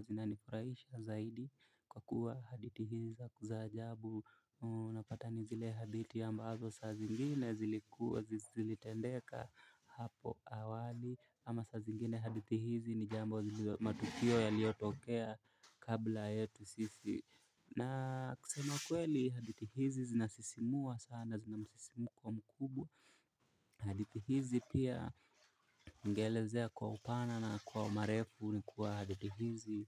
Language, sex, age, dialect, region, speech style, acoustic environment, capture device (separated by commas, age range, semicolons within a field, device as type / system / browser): Swahili, male, 18-24, Kenya - Mombasa, Kenya, relaxed, silence, mobile / Linux / Chrome